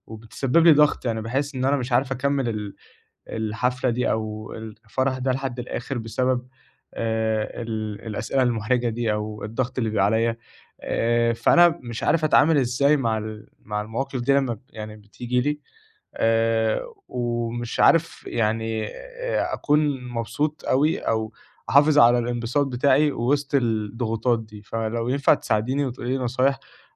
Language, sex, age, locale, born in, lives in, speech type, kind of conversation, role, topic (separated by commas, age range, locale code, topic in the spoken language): Arabic, male, 20-24, ar-EG, Egypt, Egypt, conversation, advice, user, إزاي أتعامل مع ضغط التوقعات في المناسبات الاجتماعية من غير ما أفقد متعتي؟